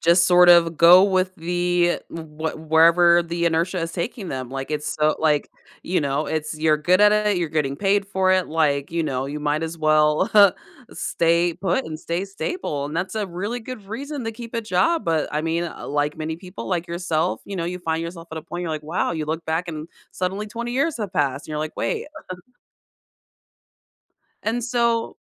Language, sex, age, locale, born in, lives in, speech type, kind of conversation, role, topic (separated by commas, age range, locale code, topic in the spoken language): English, female, 35-39, en-US, United States, United States, conversation, advice, advisor, How do I decide whether to change careers and plan a successful transition?